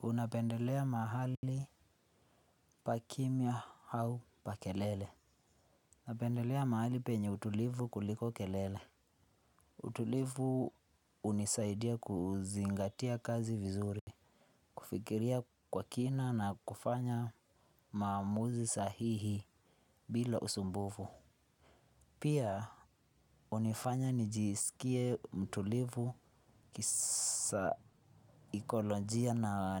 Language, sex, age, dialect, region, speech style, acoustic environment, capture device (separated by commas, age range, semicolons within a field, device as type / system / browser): Swahili, male, 18-24, Kenya - Nairobi Sheng-influenced, Kenya, happy, nature; silence, desktop / Linux / Chrome